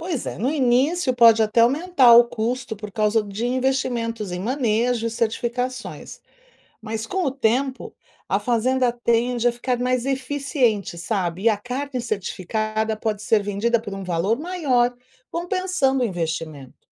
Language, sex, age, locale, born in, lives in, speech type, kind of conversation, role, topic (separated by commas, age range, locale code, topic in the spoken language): Portuguese, female, 55-59, pt-BR, Brazil, United States, read, expert-assistant, expert, Como funciona a produção sustentável de carne no Brasil?